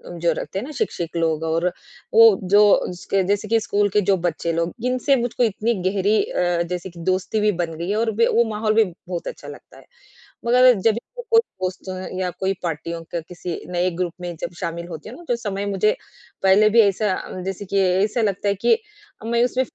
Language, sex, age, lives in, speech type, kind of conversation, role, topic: Hindi, female, 40-44, India, conversation, advice, user, सामाजिक समूह में मुझे खुद को अलग क्यों महसूस होता है?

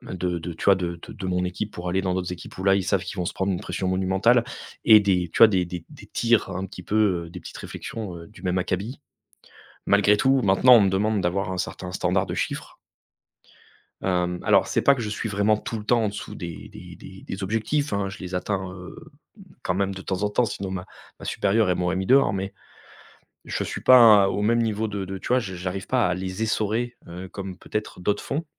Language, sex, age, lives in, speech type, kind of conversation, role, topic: French, male, 35-39, France, conversation, advice, user, Comment surmonter mon manque de confiance pour partager mon travail et rester motivé ?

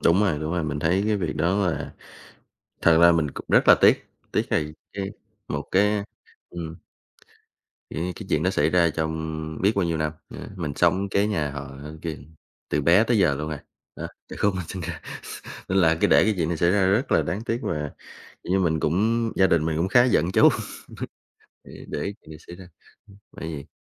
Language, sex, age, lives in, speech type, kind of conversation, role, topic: Vietnamese, male, 25-29, Vietnam, conversation, podcast, guest, Bạn thường xử lý mâu thuẫn với hàng xóm như thế nào?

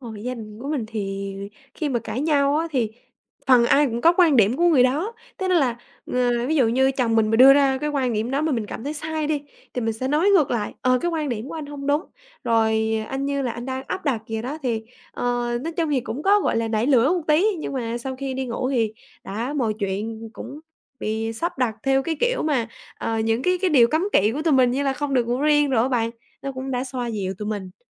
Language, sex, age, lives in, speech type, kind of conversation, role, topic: Vietnamese, female, 20-24, Vietnam, conversation, podcast, guest, Gia đình bạn thường xử lý những mâu thuẫn nhỏ hằng ngày như thế nào?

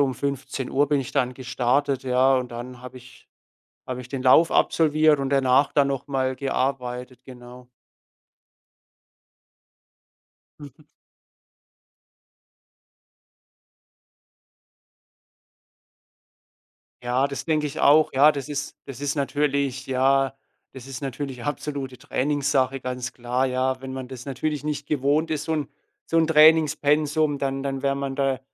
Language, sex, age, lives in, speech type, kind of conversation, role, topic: German, male, 25-29, Germany, conversation, podcast, guest, Was hilft dir, nach Feierabend abzuschalten?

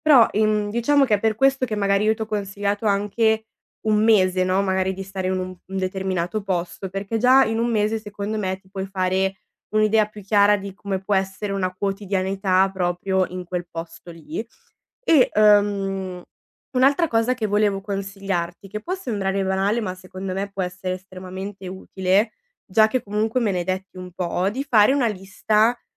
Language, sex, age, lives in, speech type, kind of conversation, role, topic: Italian, female, 20-24, Italy, conversation, advice, advisor, Come posso gestire l’ansia nel decidere se trasferirmi o cambiare stile di vita?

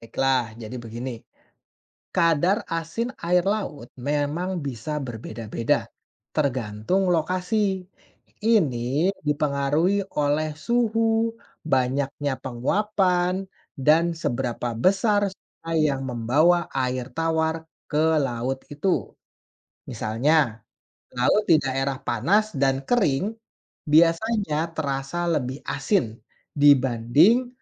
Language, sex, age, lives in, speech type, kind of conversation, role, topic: Indonesian, male, 30-34, Indonesia, read, expert-assistant, expert, Mengapa air laut terasa asin.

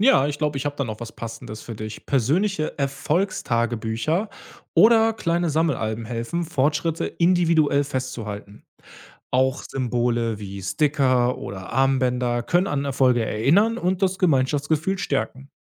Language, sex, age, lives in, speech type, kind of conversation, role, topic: German, male, 30-34, Germany, read, expert-assistant, expert, Wie kann ich herausfinden, was für einen Lehrplan wirklich wichtig ist?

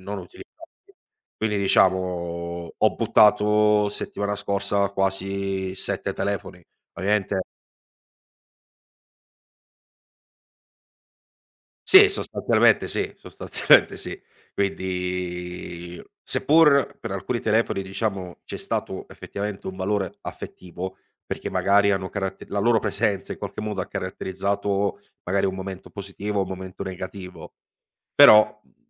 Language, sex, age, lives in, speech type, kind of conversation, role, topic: Italian, male, 25-29, Italy, conversation, podcast, guest, Qual è il trucco per non accumulare troppa roba in casa?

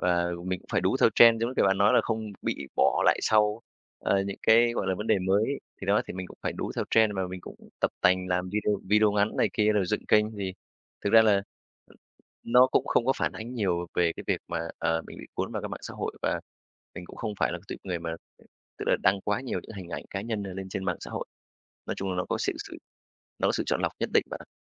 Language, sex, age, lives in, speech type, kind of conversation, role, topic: Vietnamese, male, 35-39, Vietnam, conversation, podcast, guest, Làm sao để cân bằng giữa đời sống thực và hình ảnh trên mạng?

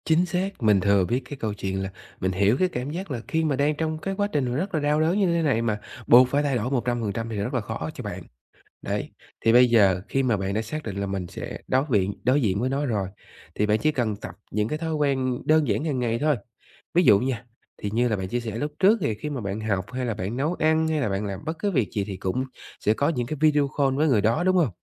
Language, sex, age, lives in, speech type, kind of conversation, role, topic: Vietnamese, male, 30-34, Vietnam, conversation, advice, advisor, Tôi vừa chia tay và đang cảm thấy trống rỗng, không biết nên làm gì tiếp theo?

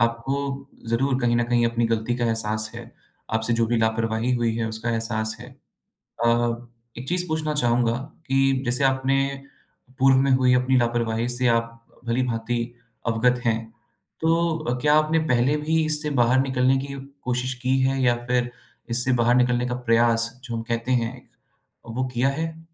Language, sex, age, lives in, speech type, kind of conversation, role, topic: Hindi, male, 25-29, India, conversation, advice, advisor, मैं अपनी गलती स्वीकार करके सच्ची माफी माँगते हुए आगे कैसे बढ़ूँ?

